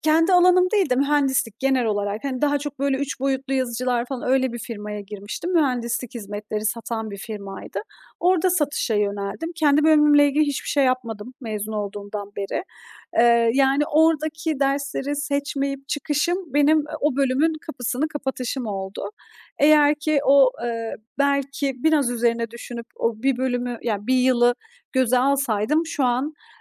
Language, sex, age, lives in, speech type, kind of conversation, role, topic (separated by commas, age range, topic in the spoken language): Turkish, female, 30-34, Estonia, conversation, podcast, guest, Bir hata yapıp bundan ders çıkardığın bir olayı anlatır mısın?